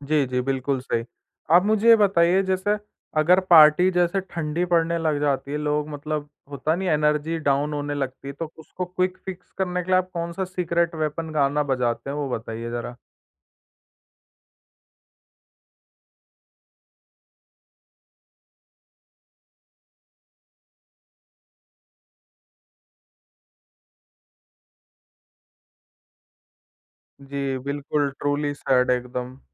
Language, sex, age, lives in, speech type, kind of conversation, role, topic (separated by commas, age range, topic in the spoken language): Hindi, male, 20-24, India, conversation, podcast, host, Party playlist banane ka tumhara secret kya hai?